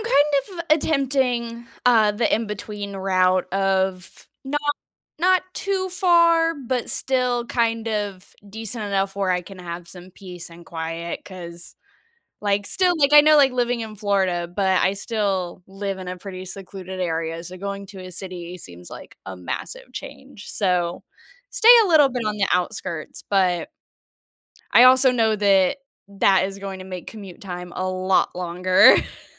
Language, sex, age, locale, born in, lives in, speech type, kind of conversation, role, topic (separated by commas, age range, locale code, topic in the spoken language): English, female, 20-24, en-US, United States, United States, conversation, advice, user, How do I find housing and make friends in a new city?